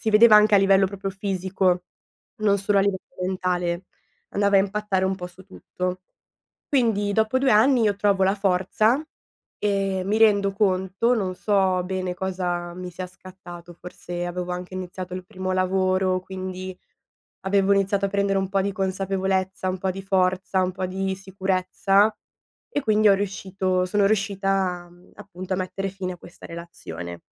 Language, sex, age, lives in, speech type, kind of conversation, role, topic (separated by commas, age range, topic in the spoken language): Italian, female, 20-24, Italy, conversation, advice, user, Come posso affrontare la paura di impegnarmi dopo le relazioni passate?